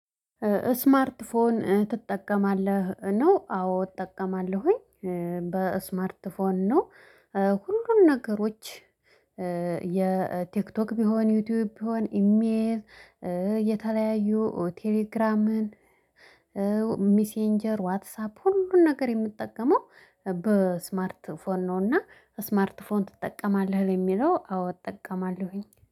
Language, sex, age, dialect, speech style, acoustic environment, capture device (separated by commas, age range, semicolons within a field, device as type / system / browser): Amharic, female, 25-34, Ethiopia - Addis Ababa, excited; happy, silence, mobile / Linux / Chrome